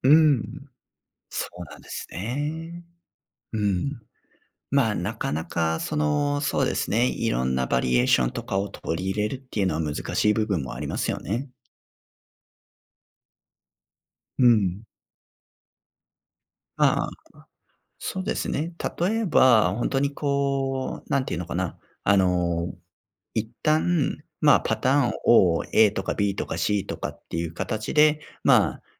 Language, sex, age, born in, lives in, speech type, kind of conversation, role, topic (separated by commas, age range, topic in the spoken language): Japanese, male, 35-39, Japan, Japan, conversation, advice, advisor, 職場で健康的な昼食をうまく選べず困っているのですが、どうすればよいですか？